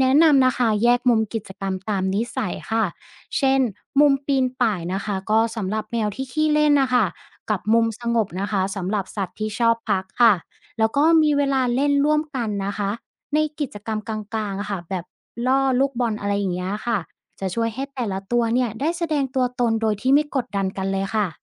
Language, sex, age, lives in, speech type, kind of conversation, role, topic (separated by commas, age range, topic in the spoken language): Thai, female, 30-34, Thailand, read, expert-assistant, expert, จะมีวิธีสร้างสรรค์อะไรบ้างในการเลือกพันธุ์สุนัขหรือแมวให้เหมาะกับไลฟ์สไตล์ของเจ้าของยุคใหม่?